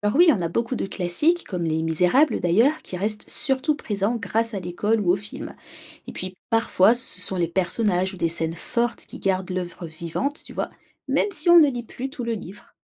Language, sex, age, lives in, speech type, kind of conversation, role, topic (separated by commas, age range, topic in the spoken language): French, female, 30-34, France, read, expert-assistant, expert, Pourquoi certains romans classiques continuent-ils à captiver les lecteurs aujourd’hui alors que d’autres tombent dans l’oubli ?